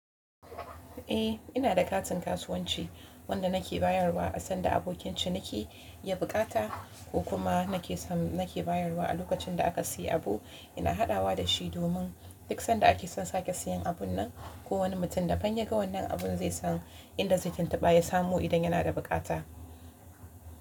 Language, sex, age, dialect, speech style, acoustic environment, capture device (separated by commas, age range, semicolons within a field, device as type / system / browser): Hausa, female, 18-24, Nigeria - Kano, relaxed; focused, nature; silence, mobile / Linux / Chrome